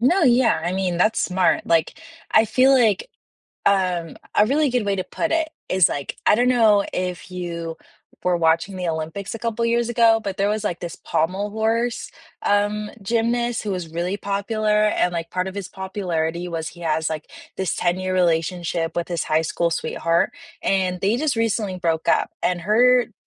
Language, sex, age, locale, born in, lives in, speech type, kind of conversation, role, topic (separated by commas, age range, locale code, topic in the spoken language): English, female, 20-24, en-US, United States, United States, conversation, unstructured, none, How do you show care in everyday moments, and which love languages feel most natural to you so you can understand and appreciate each other better?